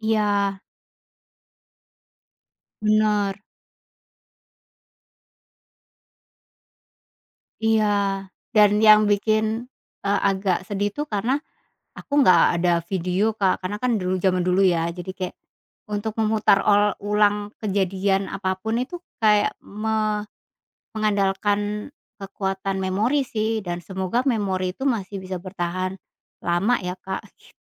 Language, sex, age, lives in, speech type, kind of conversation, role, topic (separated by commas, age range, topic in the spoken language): Indonesian, female, 40-44, Indonesia, conversation, podcast, guest, Pernahkah kamu punya foto yang awalnya terlihat biasa saja, tetapi ternyata menyimpan cerita besar?